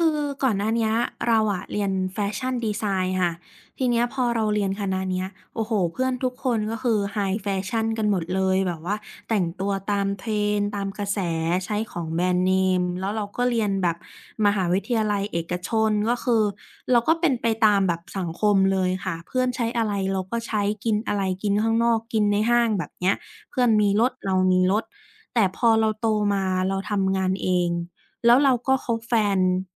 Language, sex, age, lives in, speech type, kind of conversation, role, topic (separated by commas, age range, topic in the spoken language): Thai, female, 25-29, Thailand, conversation, advice, user, อยากใช้ชีวิตมินิมอลแต่คนรอบตัวไม่เข้าใจ